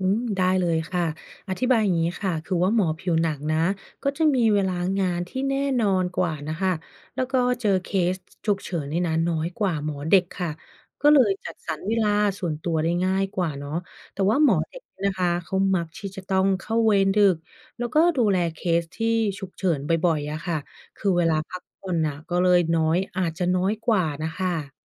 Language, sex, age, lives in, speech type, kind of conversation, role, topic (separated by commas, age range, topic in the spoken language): Thai, female, 30-34, United States, read, expert-assistant, expert, การเลือกหมอเด็กกับหมอผิวหนัง สายไหนเหมาะกับไลฟ์สไตล์มากกว่ากัน?